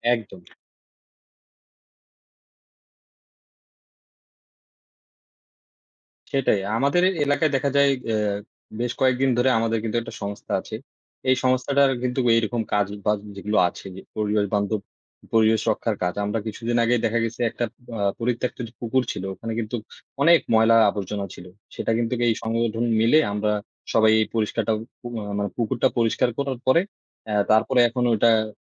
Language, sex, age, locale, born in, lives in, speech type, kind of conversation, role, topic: Bengali, male, 20-24, bn-BD, Bangladesh, Bangladesh, conversation, unstructured, none, প্রকৃতির প্রতি মানুষের অবহেলা কেন বন্ধ করা উচিত?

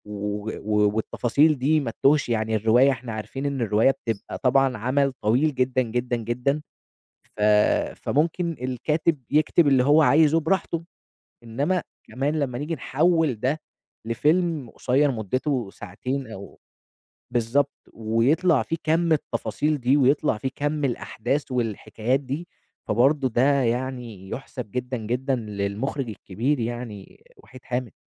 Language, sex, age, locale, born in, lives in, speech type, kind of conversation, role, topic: Arabic, male, 20-24, ar-EG, Egypt, Egypt, conversation, podcast, guest, إيه المسلسل أو الفيلم اللي بتنصح كل الناس يتفرّجوا عليه؟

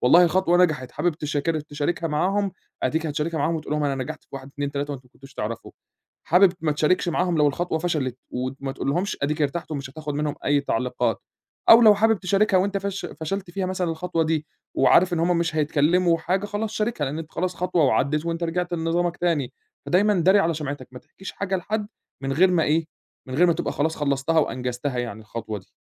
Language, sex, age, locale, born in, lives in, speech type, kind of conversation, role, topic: Arabic, male, 25-29, ar-EG, Egypt, Egypt, conversation, advice, advisor, إيه تأثير ضغط توقعات اللي حواليّ على تحقيق أهدافي؟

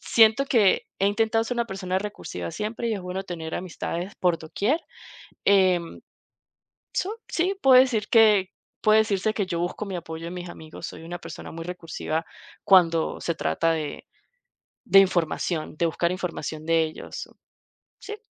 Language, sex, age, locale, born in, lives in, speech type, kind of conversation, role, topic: Spanish, female, 30-34, es-VE, Venezuela, United States, conversation, podcast, guest, ¿Cómo construyes relaciones que te apoyen?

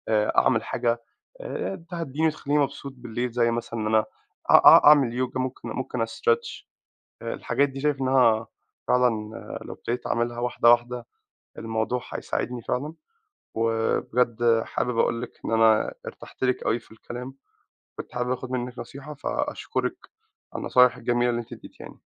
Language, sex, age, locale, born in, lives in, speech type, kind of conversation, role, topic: Arabic, male, 25-29, ar-EG, Egypt, United States, conversation, advice, user, إزاي بتعتمد على المنوّمات أو الكافيين عشان تنام؟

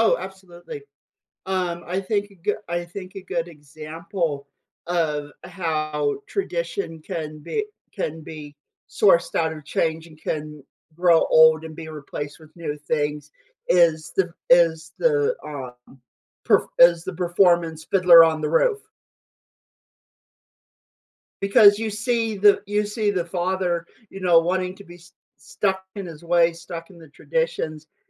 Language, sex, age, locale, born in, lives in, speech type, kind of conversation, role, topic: English, male, 25-29, en-US, United States, United States, conversation, podcast, guest, What influences the way traditions evolve in our lives?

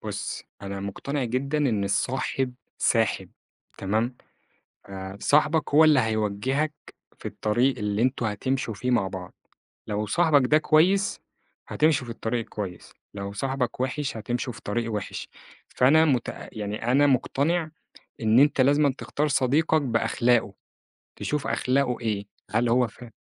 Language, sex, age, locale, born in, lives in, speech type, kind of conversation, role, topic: Arabic, male, 25-29, ar-EG, Egypt, Egypt, conversation, podcast, guest, إزاي بتحافظ على صداقاتك مع مرور الوقت؟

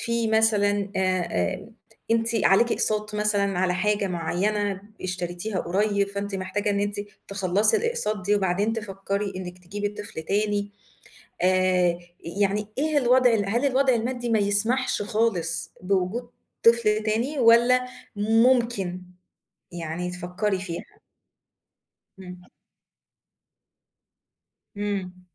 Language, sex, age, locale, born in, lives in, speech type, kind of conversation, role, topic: Arabic, female, 35-39, ar-EG, Egypt, Egypt, conversation, advice, advisor, إزاي أقرر أجيب طفل دلوقتي ولا أأجّل الخلفة عشان الظروف المادية والشغل؟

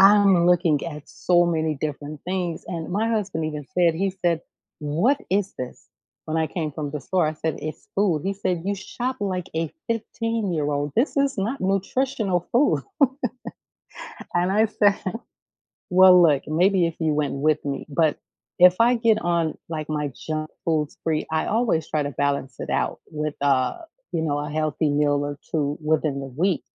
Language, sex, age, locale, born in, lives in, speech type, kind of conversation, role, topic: English, female, 45-49, en-US, United States, United States, conversation, podcast, host, What influences your choices when deciding what to make for dinner?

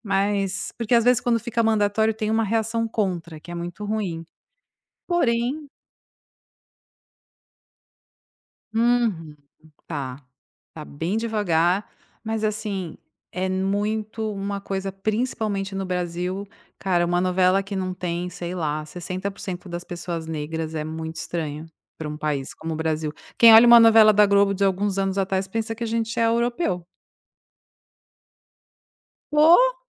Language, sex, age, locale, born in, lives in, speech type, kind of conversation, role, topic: Portuguese, female, 45-49, pt-BR, Brazil, Italy, conversation, podcast, guest, Como a representação nas séries impacta a nossa visão da sociedade?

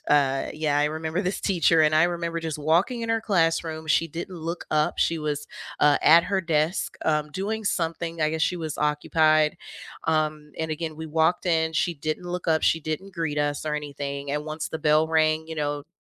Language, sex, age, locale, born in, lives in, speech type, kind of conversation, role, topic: English, female, 30-34, en-US, United States, United States, conversation, unstructured, none, What makes a classroom feel welcoming and inclusive to you, and how can we create that together?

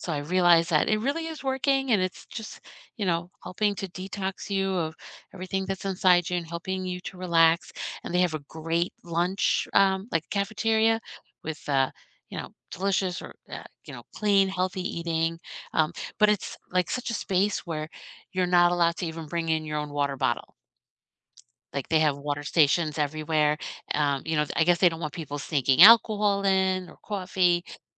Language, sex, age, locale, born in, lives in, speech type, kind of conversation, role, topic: English, female, 50-54, en-US, United States, United States, conversation, unstructured, none, What are your favorite weekend getaway spots within a few hours' drive?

